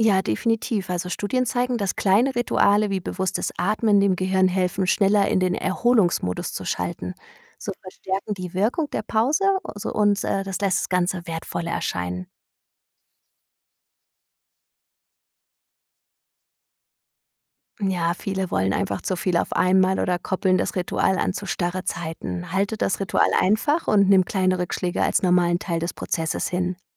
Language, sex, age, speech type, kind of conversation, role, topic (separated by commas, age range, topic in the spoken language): German, female, 35-39, read, expert-assistant, expert, Wie finde ich im hektischen Alltag Momente, um innerlich zur Ruhe zu kommen?